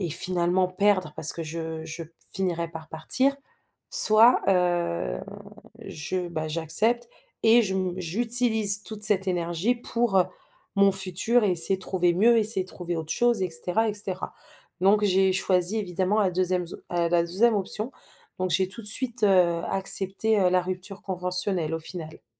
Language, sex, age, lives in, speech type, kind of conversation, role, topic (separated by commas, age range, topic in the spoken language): French, female, 30-34, France, conversation, advice, user, Comment avez-vous vécu une perte soudaine d’emploi ?